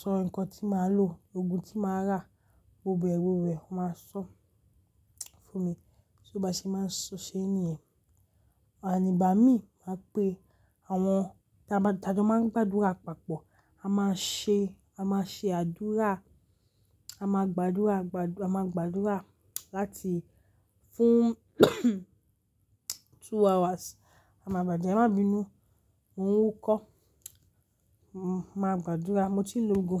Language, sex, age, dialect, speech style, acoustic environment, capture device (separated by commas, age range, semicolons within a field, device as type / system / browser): Yoruba, female, 35-44, Nigeria - Lagos Yoruba, excited; relaxed, nature, mobile / Linux / Chrome